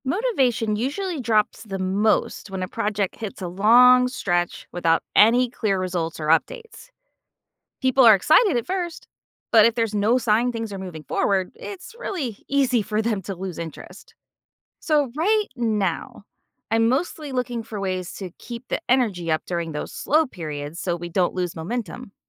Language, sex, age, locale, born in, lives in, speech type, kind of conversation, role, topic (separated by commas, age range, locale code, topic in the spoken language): English, female, 45-49, en-US, United States, United States, read, expert-assistant, asker, What is the most effective way to use anthropology to solve real-world problems in communities?